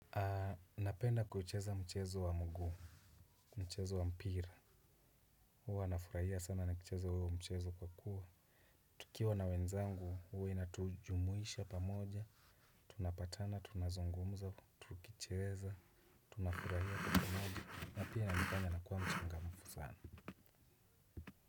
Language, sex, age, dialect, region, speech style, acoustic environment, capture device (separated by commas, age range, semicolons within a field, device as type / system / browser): Swahili, male, 25-34, Kenya - Nairobi Sheng-influenced, Kenya, relaxed; happy, nature; silence, desktop / Windows / Chrome